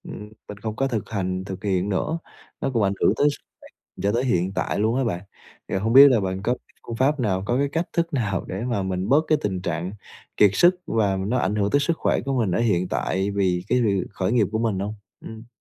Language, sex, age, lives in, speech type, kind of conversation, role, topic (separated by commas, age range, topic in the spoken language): Vietnamese, male, 20-24, Vietnam, conversation, advice, user, Làm sao tôi có thể cân bằng giữa việc khởi nghiệp và sức khỏe khi đang cảm thấy kiệt sức?